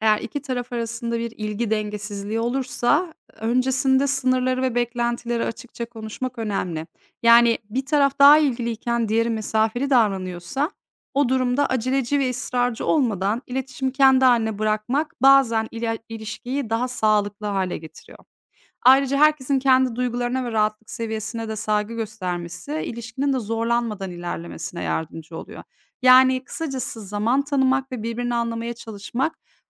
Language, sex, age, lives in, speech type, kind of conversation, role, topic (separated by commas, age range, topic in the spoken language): Turkish, female, 40-44, Netherlands, read, expert-assistant, expert, Dijitalde mi yoksa yüz yüze tanışmak mı daha sağlıklı ilişkiler sağlar?